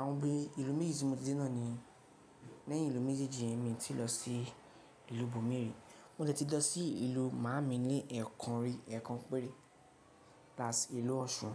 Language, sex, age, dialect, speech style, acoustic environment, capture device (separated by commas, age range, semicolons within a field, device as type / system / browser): Yoruba, male, 18-24, Nigeria - Lagos Yoruba, relaxed; focused, nature; silence; people talking, mobile / Linux / Chrome